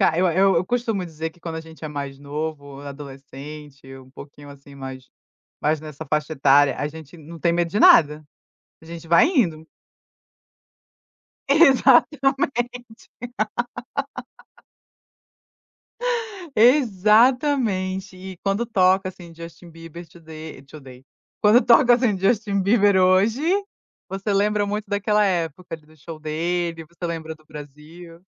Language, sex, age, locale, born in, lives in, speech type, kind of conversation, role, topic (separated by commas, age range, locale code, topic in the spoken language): Portuguese, female, 30-34, pt-BR, Brazil, United States, conversation, podcast, host, O que normalmente te faz revisitar músicas antigas?